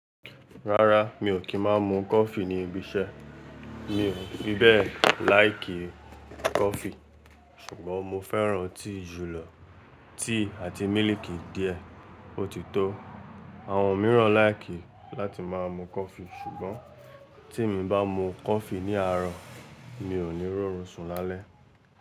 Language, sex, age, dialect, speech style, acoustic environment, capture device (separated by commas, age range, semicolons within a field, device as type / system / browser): Yoruba, male, 18-24, Nigeria - Lagos Yoruba, relaxed, people talking; traffic, mobile / Linux / Chrome